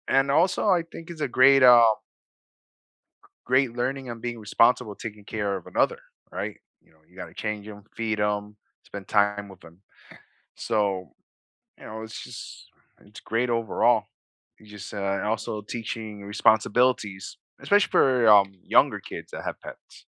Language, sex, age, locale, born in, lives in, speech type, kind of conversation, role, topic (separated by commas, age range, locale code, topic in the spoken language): English, male, 40-44, en-US, United States, United States, conversation, unstructured, none, How do pets help people who are feeling lonely?